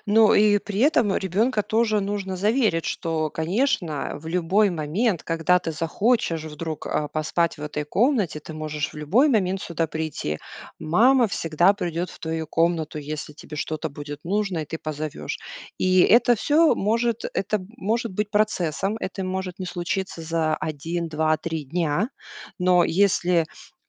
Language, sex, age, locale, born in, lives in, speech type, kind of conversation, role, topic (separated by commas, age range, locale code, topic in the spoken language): Russian, female, 40-44, ru-RU, Russia, United States, conversation, advice, advisor, Как мне начать читать чаще, если я не могу выделить на это время и превратить чтение в привычку?